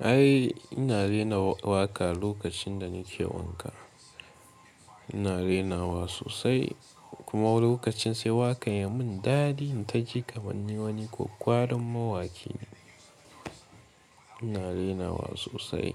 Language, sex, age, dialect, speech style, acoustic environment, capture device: Hausa, male, 18-24, Nigeria - Katsina, relaxed; tired, nature; music, mobile / Linux / Chrome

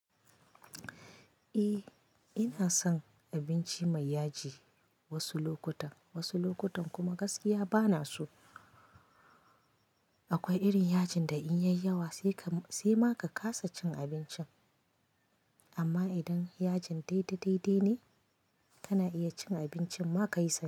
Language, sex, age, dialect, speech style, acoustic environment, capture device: Hausa, female, 25-34, Nigeria - Kano, happy; anxious; excited; focused, nature; silence, mobile / Linux / Chrome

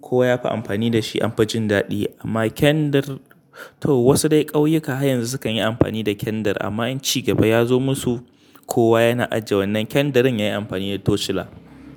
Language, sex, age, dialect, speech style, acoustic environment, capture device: Hausa, male, 25-34, Nigeria - Kano, happy; excited, nature, mobile / Linux / Chrome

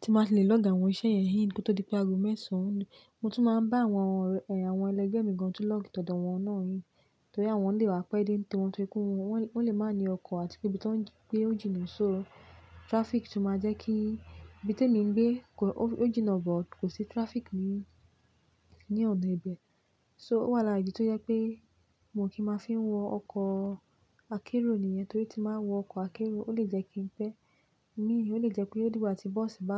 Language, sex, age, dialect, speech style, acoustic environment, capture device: Yoruba, female, 25-34, Nigeria - Lagos Yoruba, relaxed, appliances, mobile / Linux / Chrome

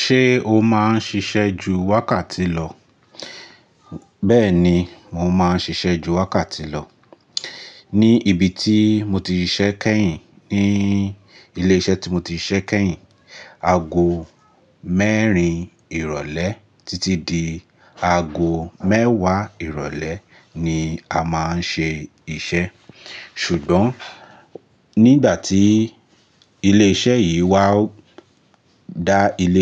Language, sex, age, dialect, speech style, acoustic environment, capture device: Yoruba, male, 25-34, Nigeria - Lagos Yoruba, focused; relaxed, nature; appliances, mobile / Linux / Chrome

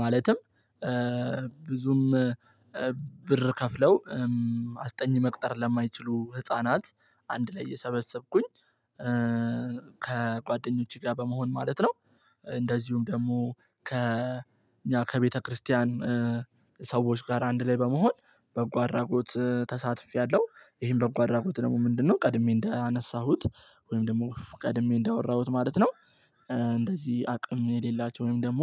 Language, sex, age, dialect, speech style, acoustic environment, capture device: Amharic, male, 18-24, Ethiopia - Addis Ababa, excited, silence, mobile / Linux / Chrome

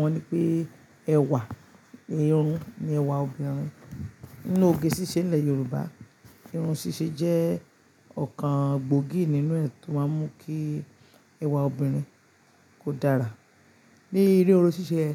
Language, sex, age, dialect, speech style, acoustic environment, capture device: Yoruba, female, 45-54, Nigeria - Lagos Yoruba, happy, silence, mobile / Linux / Chrome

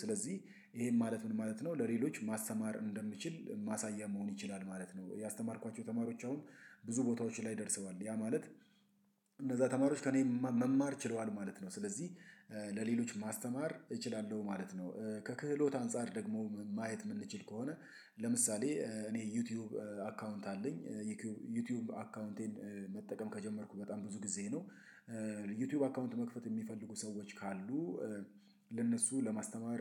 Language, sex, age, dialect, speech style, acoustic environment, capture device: Amharic, male, 35-44, Ethiopia - Addis Ababa, excited, silence, mobile / Linux / Chrome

